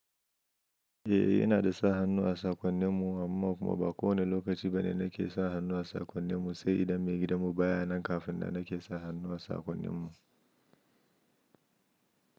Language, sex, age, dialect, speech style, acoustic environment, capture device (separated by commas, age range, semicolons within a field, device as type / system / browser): Hausa, male, 25-34, Nigeria - Kano, happy, silence, mobile / Linux / Chrome